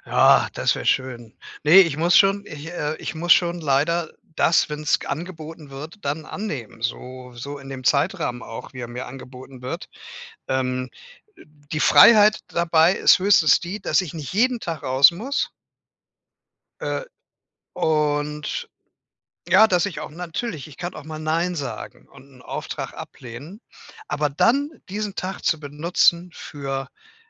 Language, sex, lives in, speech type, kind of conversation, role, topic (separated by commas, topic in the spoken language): German, male, Germany, conversation, advice, user, Wie kann ich trotz Zeitmangels Urlaub machen und neue Orte entdecken?